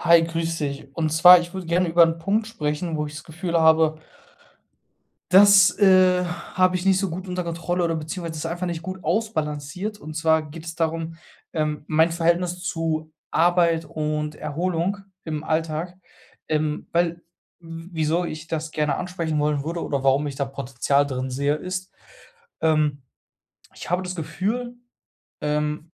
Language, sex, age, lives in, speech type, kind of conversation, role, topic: German, male, 25-29, Germany, conversation, advice, user, Wie finde ich die richtige Balance zwischen Arbeit und Erholung im Alltag?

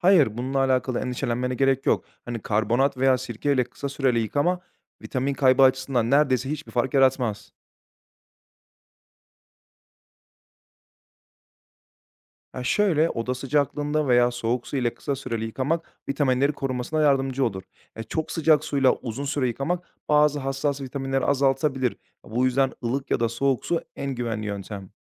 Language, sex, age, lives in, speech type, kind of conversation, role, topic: Turkish, male, 30-34, Bulgaria, read, expert-assistant, expert, Evde meyve ve sebzeleri yıkarken gerçekten mikroplardan ve kimyasallardan nasıl arındırabiliriz? Pratik ve etkili çözümler var mı?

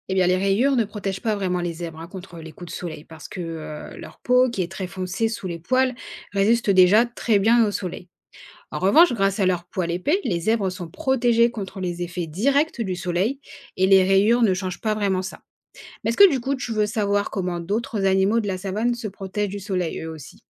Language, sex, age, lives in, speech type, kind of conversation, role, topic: French, female, 30-34, France, read, expert-assistant, expert, Pourquoi les zèbres ont-ils des rayures ?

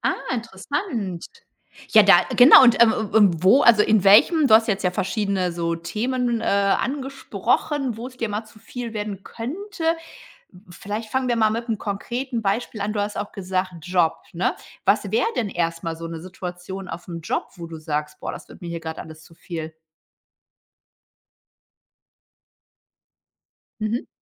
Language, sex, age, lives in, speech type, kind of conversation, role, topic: German, female, 45-49, Germany, conversation, podcast, host, Was machst du, wenn dir alles zu viel wird?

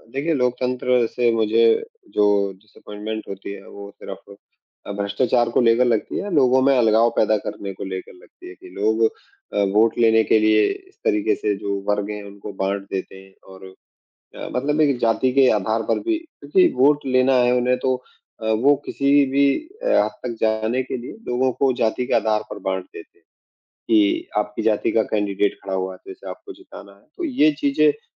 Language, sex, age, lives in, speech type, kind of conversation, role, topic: Hindi, male, 35-39, India, conversation, unstructured, none, लोकतंत्र हमारे लिए कितना ज़रूरी है?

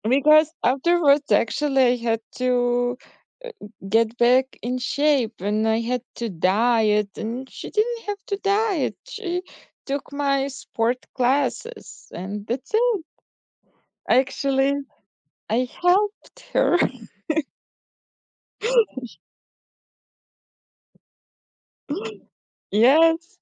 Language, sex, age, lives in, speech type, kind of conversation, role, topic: English, female, 30-34, United States, conversation, unstructured, none, What is a story from your childhood that you like to tell?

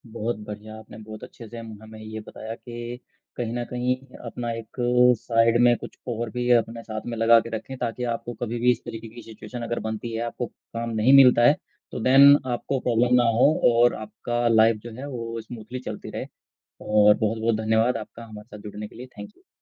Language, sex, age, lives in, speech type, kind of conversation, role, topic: Hindi, male, 35-39, India, conversation, podcast, host, Side hustle shuru karne ka tumhara anubhav kaisa raha?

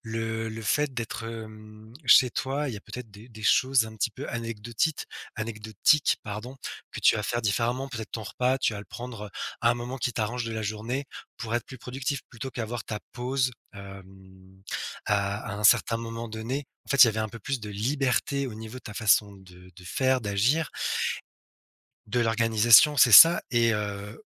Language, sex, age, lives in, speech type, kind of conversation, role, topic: French, male, 35-39, France, conversation, podcast, guest, Qu’est-ce que le télétravail a changé pour toi ?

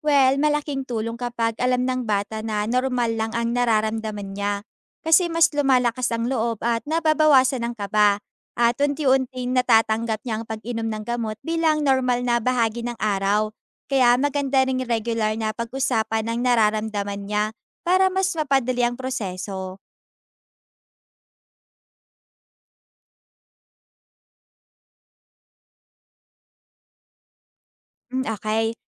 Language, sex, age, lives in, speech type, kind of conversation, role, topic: Filipino, female, 20-24, Philippines, read, expert-assistant, expert, Mayroon ka bang magandang analogy para ipaliwanag kung paano gumagana ang gamot sa katawan, parang isang bagay na pamilyar sa araw-araw?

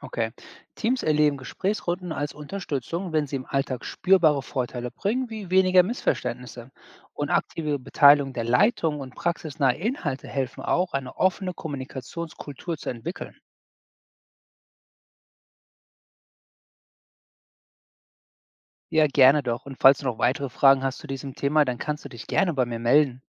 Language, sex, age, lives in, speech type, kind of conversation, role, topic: German, male, 40-44, United States, read, expert-assistant, expert, Was wäre, wenn du dir eine völlig neue medizinische Fachrichtung ausdenken könntest? Welches Problem würdest du damit lösen wollen?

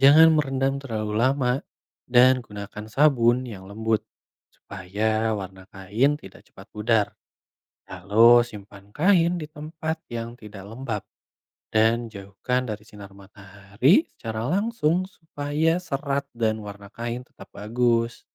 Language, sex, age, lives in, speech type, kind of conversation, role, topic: Indonesian, male, 25-29, Indonesia, read, expert-assistant, expert, Bagaimana proses pembuatan benang dari kapas?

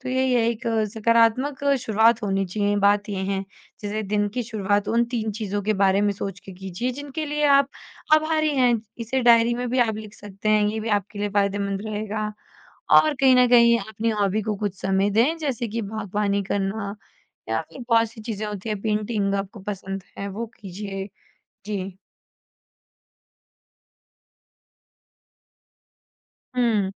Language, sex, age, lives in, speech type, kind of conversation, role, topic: Hindi, female, 20-24, India, conversation, podcast, guest, रोज़मर्रा की सुबह में आप तनाव कैसे कम करते हैं?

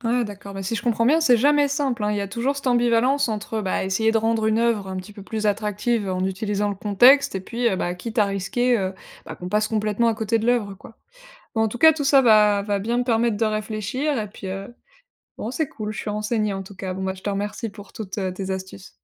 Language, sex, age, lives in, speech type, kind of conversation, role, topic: French, female, 25-29, France, read, expert-assistant, asker, Pourquoi certaines œuvres célèbres ne me touchent-elles pas ? Est-ce moi ou l’œuvre ?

